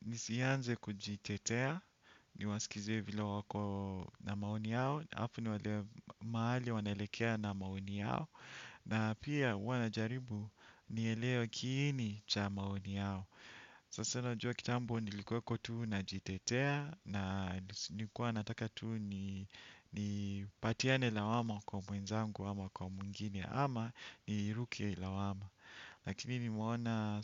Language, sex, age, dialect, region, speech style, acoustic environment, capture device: Swahili, male, 35-44, Kenya - Nairobi Sheng-influenced, Kenya, relaxed, silence, desktop / Windows / Chrome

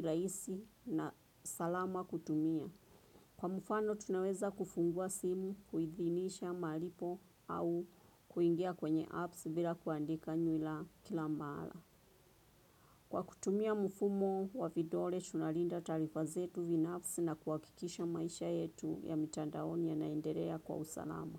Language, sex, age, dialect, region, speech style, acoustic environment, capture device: Swahili, female, 25-34, Kenya - Nairobi Sheng-influenced, Kenya, relaxed; focused, traffic; nature, desktop / Windows / Chrome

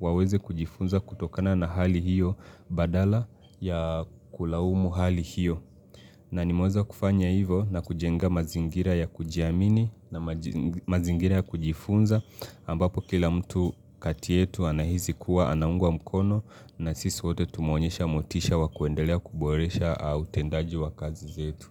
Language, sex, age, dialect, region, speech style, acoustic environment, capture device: Swahili, male, 25-34, Kenya - Mombasa, Kenya, relaxed, appliances; silence, mobile / Linux / Chrome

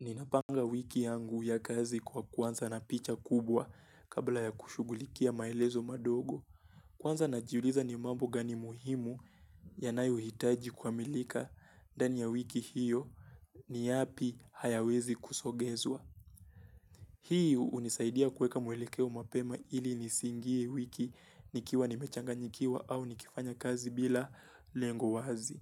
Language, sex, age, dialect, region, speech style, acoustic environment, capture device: Swahili, male, 18-24, Kenya - Mombasa, Kenya, relaxed, silence, mobile / Linux / Chrome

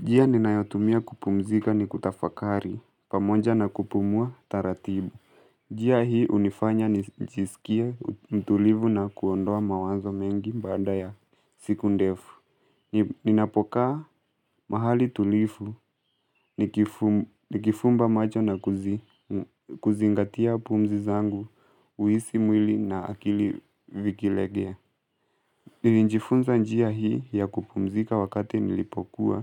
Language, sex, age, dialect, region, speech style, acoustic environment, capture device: Swahili, male, 18-24, Kenya - Mombasa, Kenya, stressed; focused, nature, mobile / Linux / Chrome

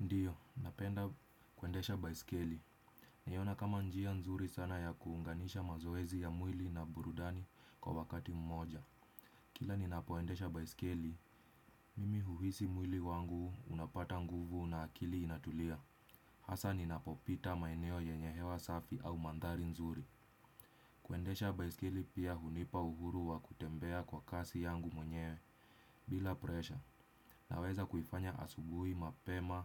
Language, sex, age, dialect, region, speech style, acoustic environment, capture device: Swahili, male, 25-34, Kenya - Nairobi Sheng-influenced, Kenya, stressed; relaxed, silence, desktop / Windows / Chrome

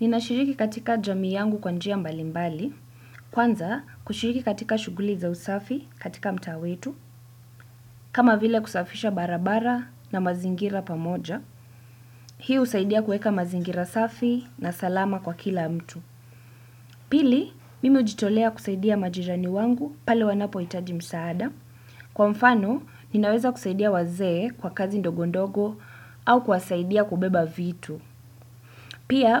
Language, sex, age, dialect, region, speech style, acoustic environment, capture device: Swahili, female, 18-24, Kenya - Nairobi Sheng-influenced, Kenya, relaxed, silence, desktop / Windows / Chrome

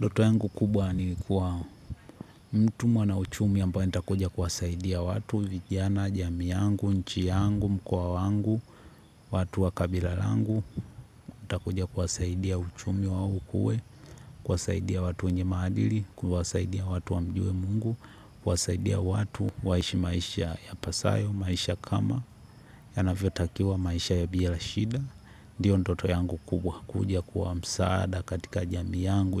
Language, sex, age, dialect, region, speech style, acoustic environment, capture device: Swahili, male, 18-24, Tanzania - Dar es Salaam, Tanzania, happy; relaxed; excited; focused, nature, mobile / Linux / Chrome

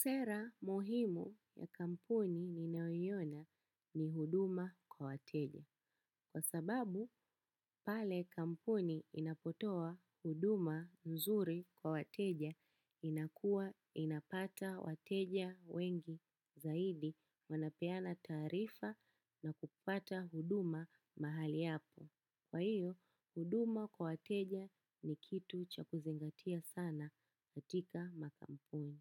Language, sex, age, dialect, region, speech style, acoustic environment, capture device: Swahili, female, 25-34, Kenya - Nairobi Sheng-influenced, Kenya, happy; relaxed; excited; focused, silence, desktop / macOS / Chrome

